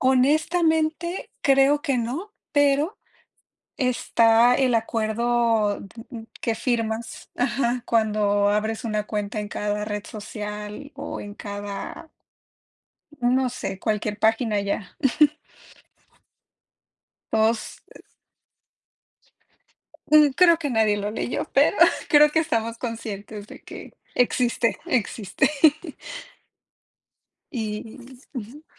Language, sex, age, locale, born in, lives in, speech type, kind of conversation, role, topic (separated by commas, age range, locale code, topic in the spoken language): Spanish, female, 30-34, es-MX, Mexico, Mexico, conversation, unstructured, none, ¿Confías en la privacidad de tus datos en redes sociales?